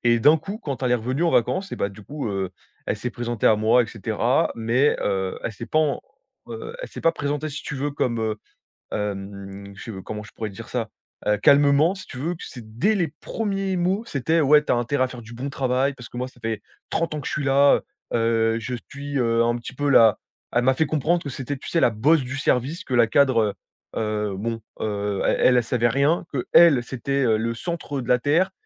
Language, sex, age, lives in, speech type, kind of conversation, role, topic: French, male, 20-24, France, conversation, advice, user, Pourquoi est-ce que je doute de mes compétences après une critique au travail ?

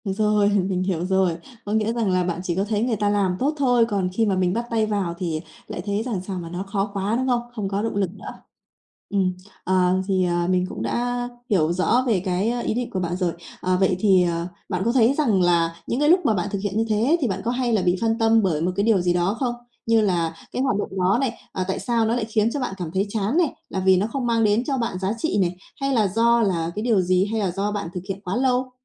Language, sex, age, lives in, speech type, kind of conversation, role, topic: Vietnamese, female, 30-34, Vietnam, conversation, advice, advisor, Làm sao để giữ động lực khi tôi thường xuyên cảm thấy chán và lười?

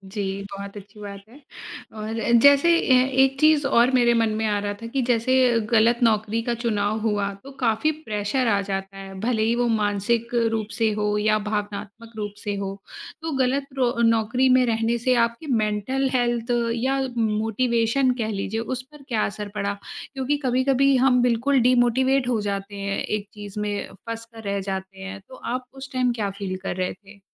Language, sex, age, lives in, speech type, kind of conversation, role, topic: Hindi, female, 30-34, India, conversation, podcast, host, जब आपको लगा कि आपने नौकरी का गलत चुनाव कर लिया है, तो आपने उस स्थिति को कैसे संभाला?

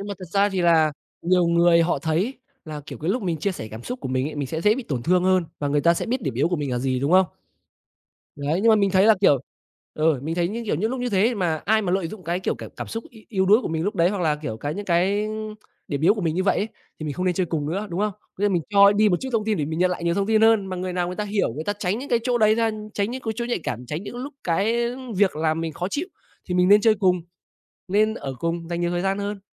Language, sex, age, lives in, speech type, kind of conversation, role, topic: Vietnamese, male, 25-29, Vietnam, conversation, unstructured, none, Bạn nghĩ thế nào về việc chia sẻ cảm xúc của mình với người khác?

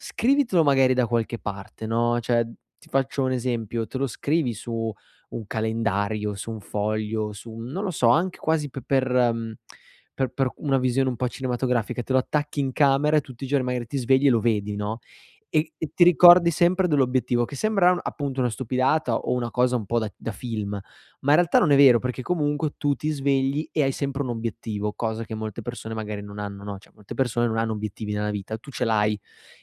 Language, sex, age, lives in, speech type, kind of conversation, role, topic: Italian, male, 25-29, Italy, conversation, advice, advisor, Come posso suddividere un grande obiettivo in compiti gestibili e realistici?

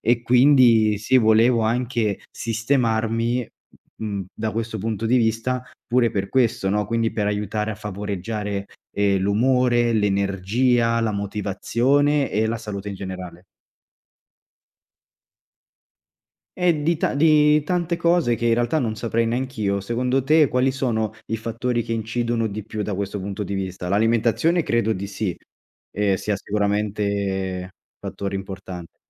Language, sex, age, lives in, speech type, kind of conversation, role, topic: Italian, male, 25-29, Italy, conversation, advice, user, Come posso riuscire a stabilire una routine quotidiana di cura personale?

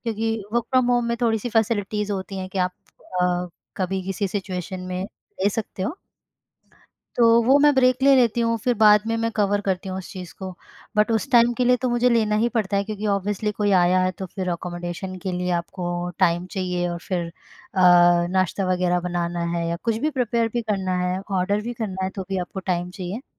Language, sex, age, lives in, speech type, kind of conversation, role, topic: Hindi, female, 25-29, India, conversation, podcast, guest, Tum weekend ko productive aur mazedaar kaise banate ho?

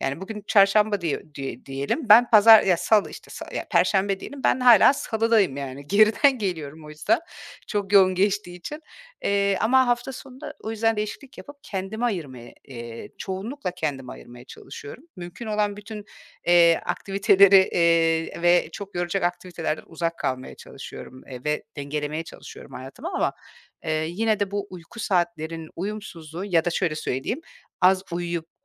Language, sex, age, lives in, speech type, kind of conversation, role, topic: Turkish, female, 40-44, Portugal, conversation, advice, user, Hafta içi ve hafta sonu uyku saatleriniz neden birbirini tutmuyor?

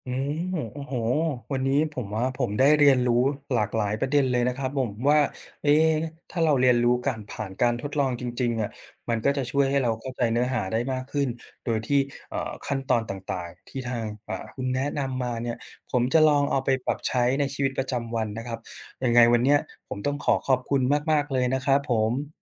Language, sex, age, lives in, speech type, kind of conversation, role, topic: Thai, male, 40-44, Thailand, read, expert-assistant, asker, การเรียนรู้ผ่านการทดลองจริงช่วยให้เข้าใจเนื้อหามากขึ้นอย่างไร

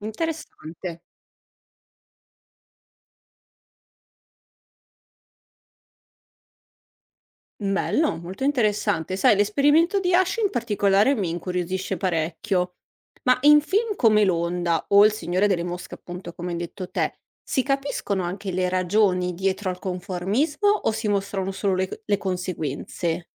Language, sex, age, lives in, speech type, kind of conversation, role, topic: Italian, female, 60-64, Italy, read, expert-assistant, asker, C’è qualche film o documentario che racconta bene perché ci lasciamo spesso influenzare dagli altri?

